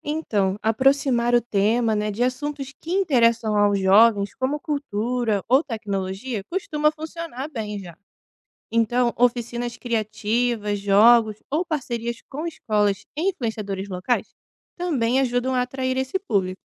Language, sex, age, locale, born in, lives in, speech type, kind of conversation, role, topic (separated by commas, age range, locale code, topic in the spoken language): Portuguese, female, 25-29, pt-BR, Brazil, Italy, read, expert-assistant, expert, Que passos posso seguir para envolver mais pessoas nas decisões sobre o que é melhor para a cidade?